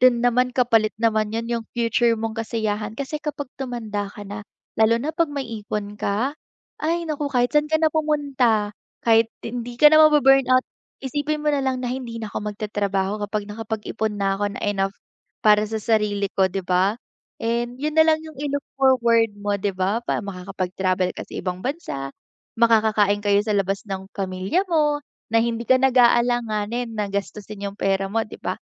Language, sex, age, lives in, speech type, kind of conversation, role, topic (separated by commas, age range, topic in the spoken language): Filipino, female, 20-24, Philippines, conversation, advice, advisor, Ano ang maaari mong gawin kapag pagod ka at wala kang gana dahil sa sobrang pagkaubos ng lakas?